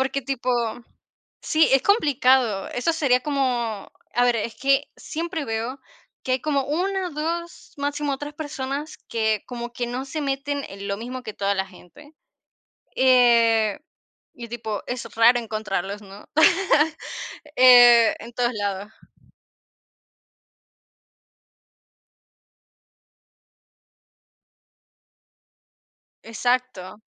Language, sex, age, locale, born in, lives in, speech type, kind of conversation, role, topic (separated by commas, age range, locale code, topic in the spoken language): Spanish, female, 50-54, es-VE, Venezuela, Portugal, conversation, unstructured, none, ¿Hay algo de ti que sientas que nadie entiende?